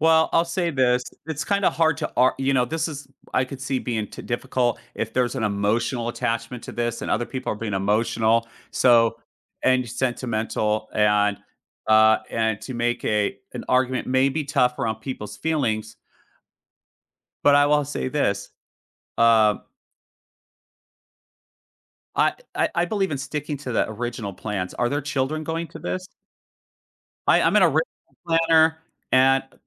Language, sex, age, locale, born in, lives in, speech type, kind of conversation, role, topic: English, male, 45-49, en-US, United States, United States, conversation, advice, advisor, How can I handle feeling disappointed when loved ones cancel plans?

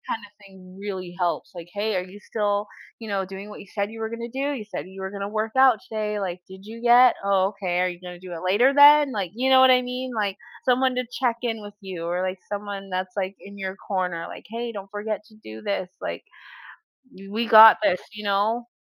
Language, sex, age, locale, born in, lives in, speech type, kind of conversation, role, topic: English, female, 30-34, en-US, United States, United States, conversation, unstructured, none, What strategies help you keep going when working toward something important?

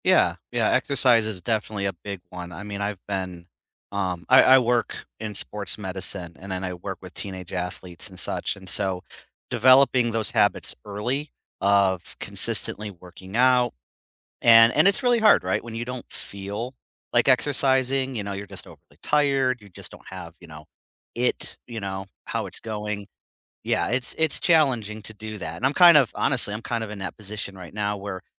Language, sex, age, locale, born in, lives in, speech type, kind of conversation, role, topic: English, male, 40-44, en-US, United States, United States, conversation, unstructured, none, What is one habit that changed your life?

